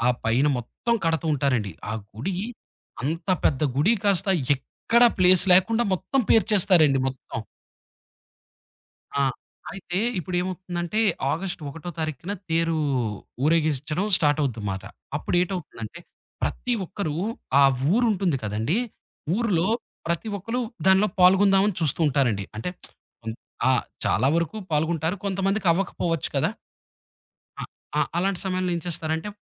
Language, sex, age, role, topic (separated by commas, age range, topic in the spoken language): Telugu, male, 30-34, guest, మీ ఊరు కలిసి జరిపే ఉత్సవాన్ని ఎలా జరుపుకుంటారో వివరంగా చెప్పగలరా?